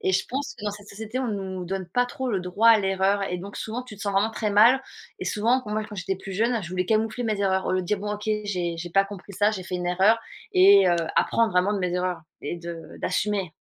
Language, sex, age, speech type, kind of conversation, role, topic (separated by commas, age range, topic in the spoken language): French, female, 40-44, conversation, unstructured, none, Comment gères-tu les erreurs dans ta vie ?